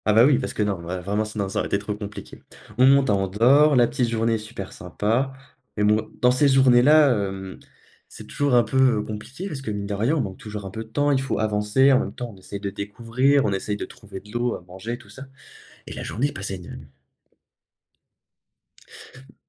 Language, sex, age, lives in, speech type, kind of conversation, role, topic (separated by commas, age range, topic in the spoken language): French, male, 18-19, France, conversation, podcast, guest, Quelle galère de voyage te fait encore rire aujourd’hui ?